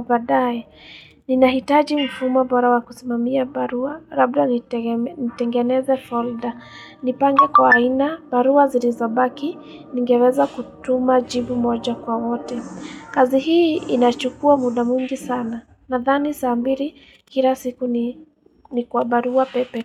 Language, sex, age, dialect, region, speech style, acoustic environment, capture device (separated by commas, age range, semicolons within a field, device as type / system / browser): Swahili, female, 18-24, Kenya - Nairobi Sheng-influenced, Kenya, happy; excited, people talking; traffic, mobile / Linux / Chrome